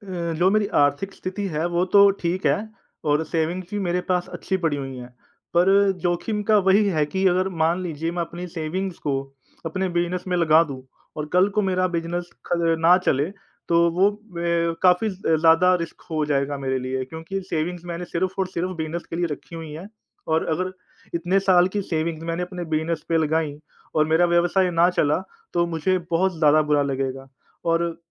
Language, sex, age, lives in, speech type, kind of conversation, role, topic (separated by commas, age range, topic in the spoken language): Hindi, male, 30-34, India, conversation, advice, user, क्या आप अपना पूरा करियर छोड़कर अपना व्यवसाय शुरू करने पर विचार कर रहे हैं?